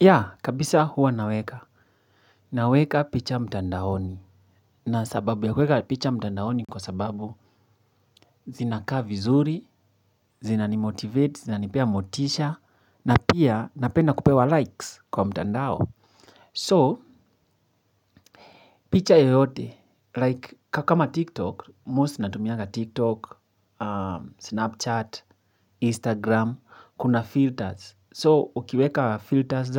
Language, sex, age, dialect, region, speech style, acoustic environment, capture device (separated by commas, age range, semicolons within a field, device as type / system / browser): Swahili, male, 25-34, Kenya - Nairobi Sheng-influenced, Kenya, excited; relaxed; focused, people talking, mobile / Linux / Chrome